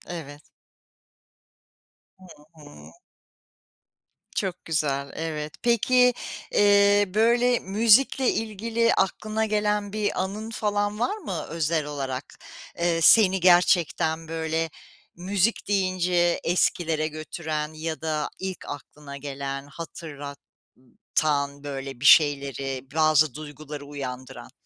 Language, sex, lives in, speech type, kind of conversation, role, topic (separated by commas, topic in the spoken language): Turkish, female, United States, conversation, podcast, host, Müzik zevkini nasıl tanımlarsın ve hangi kaynaklardan etkileniyorsun?